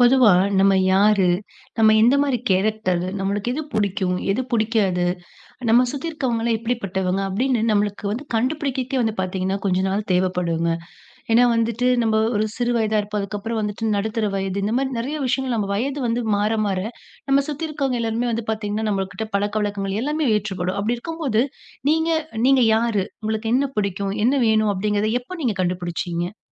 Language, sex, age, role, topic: Tamil, female, 30-34, host, நீங்கள் உங்களை எப்படி அடையாளம் கண்டுகொண்டீர்கள்?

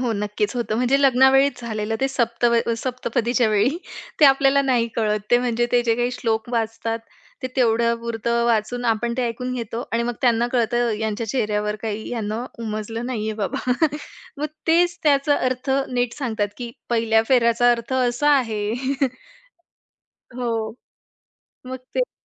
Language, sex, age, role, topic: Marathi, female, 25-29, guest, सण किंवा विधीच्या वेळी तुमच्या घरी बोलण्याची भाषा कशी बदलते?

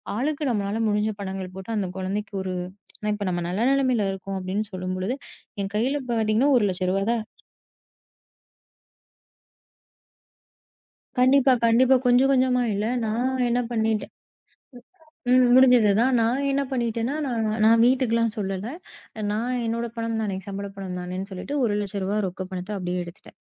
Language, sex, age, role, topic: Tamil, female, 30-34, host, பணம் சேமிப்பதில் நீண்டகாலத் திட்டத்தையும் உடனடி மகிழ்ச்சிக்கான செலவுகளையும் நீங்கள் எப்படி சமநிலைப்படுத்துகிறீர்கள்?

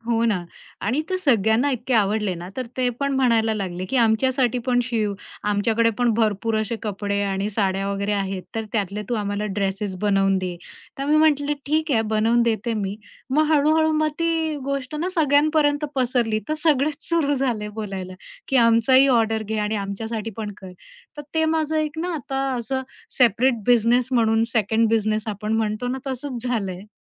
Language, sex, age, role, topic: Marathi, female, 45-49, guest, नवीन कौशल्य शिकण्याची सुरुवात तुम्ही कशी केली?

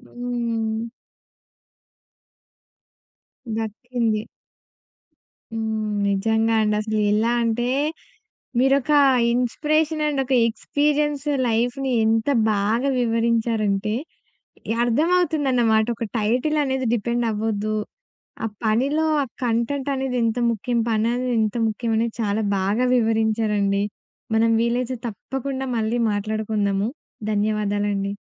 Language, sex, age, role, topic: Telugu, female, 20-24, host, పని టైటిల్ కంటే పనిలోని అర్థం మీకు ఎంత ముఖ్యంగా అనిపిస్తుంది?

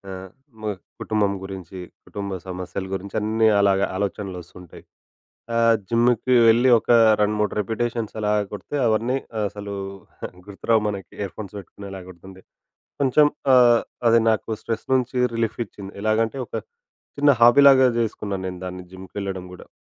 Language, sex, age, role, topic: Telugu, male, 25-29, guest, దైనందిన ఒత్తిడితో పోరాడుతున్న రోజుల్లోనూ మీ హాబీలో పూర్తిగా లీనమయ్యే స్థితిని ఎలా సాధిస్తారు?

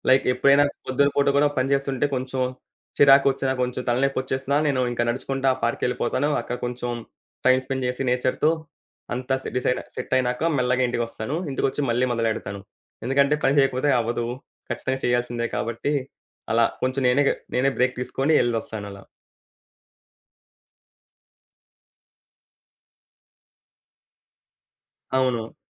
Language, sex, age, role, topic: Telugu, male, 20-24, guest, ఇది ఎలా మొదలైంది?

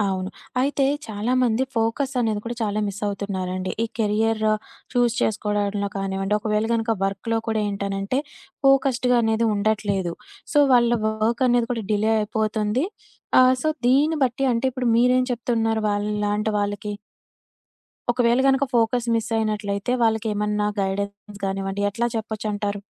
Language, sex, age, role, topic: Telugu, female, 25-29, host, మీ పని మీ వ్యక్తిత్వాన్ని ఎలా ప్రతిబింబిస్తుంది?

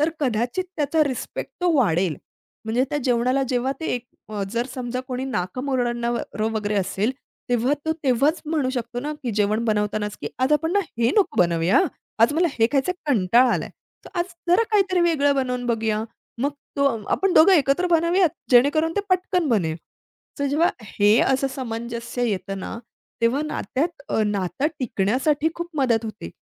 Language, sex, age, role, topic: Marathi, female, 30-34, guest, जेवण बनवून प्रेम दाखवणं तुम्हाला किती महत्त्वाचं वाटतं?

